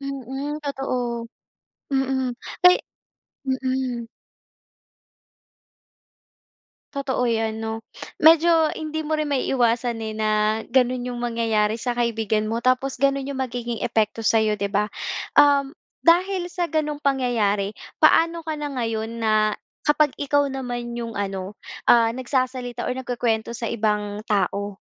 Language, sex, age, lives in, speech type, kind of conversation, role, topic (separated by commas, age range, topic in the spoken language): Filipino, female, 25-29, Philippines, conversation, podcast, host, Ano ang ginagawa mo kapag napapagod ka na sa pakikinig?